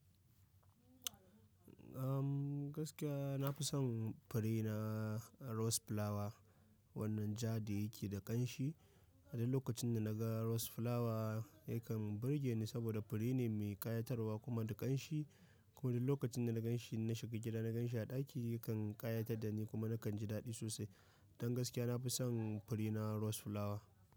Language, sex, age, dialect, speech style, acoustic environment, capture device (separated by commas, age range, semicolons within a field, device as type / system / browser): Hausa, male, 25-34, Nigeria - Nigerian Standard, happy; excited, people talking; nature; silence, mobile / macOS / Safari